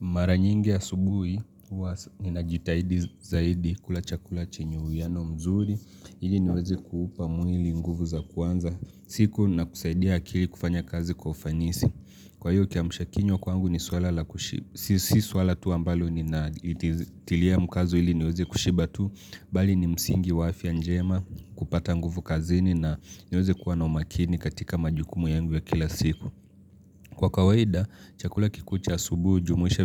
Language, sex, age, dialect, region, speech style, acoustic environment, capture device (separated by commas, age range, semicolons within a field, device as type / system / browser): Swahili, male, 25-34, Kenya - Mombasa, Kenya, relaxed, appliances; silence, mobile / Linux / Chrome